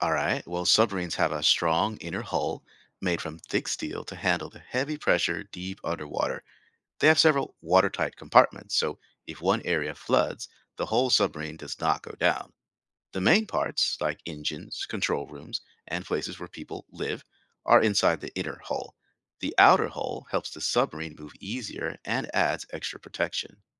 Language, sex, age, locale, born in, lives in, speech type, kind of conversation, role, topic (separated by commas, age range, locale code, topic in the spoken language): English, male, 45-49, en-US, United States, United States, read, expert-assistant, expert, How do submarines dive and resurface in the ocean?